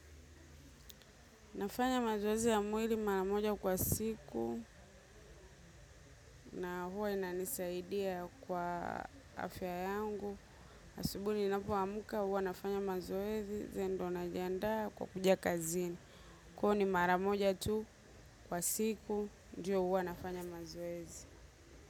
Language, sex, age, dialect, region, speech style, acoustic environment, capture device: Swahili, female, 25-34, Tanzania - Dar es Salaam, Tanzania, happy; relaxed, silence, mobile / macOS / Safari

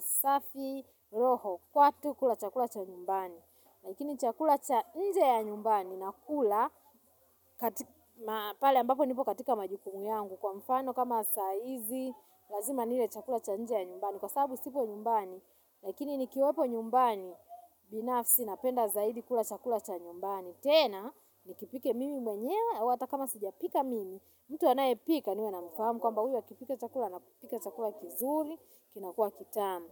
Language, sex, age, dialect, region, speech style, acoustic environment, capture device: Swahili, female, 35-44, Tanzania - Dar es Salaam, Tanzania, happy, silence, mobile / Linux / Chrome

